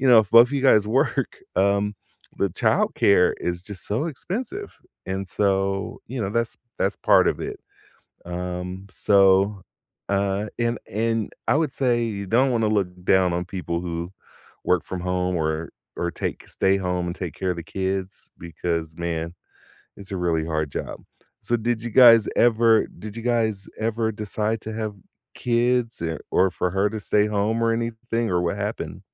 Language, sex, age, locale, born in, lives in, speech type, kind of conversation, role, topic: English, male, 50-54, en-US, United States, United States, conversation, unstructured, none, What actions, words, or rituals help you reconnect after a disagreement, and why do they deepen your connection?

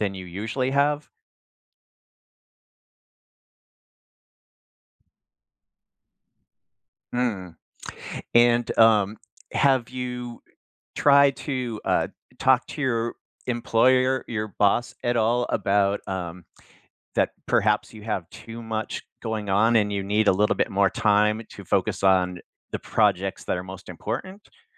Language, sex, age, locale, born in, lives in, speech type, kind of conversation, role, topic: English, male, 55-59, en-US, United States, United States, conversation, advice, advisor, How can I reduce my daily stress and feel calmer throughout the day?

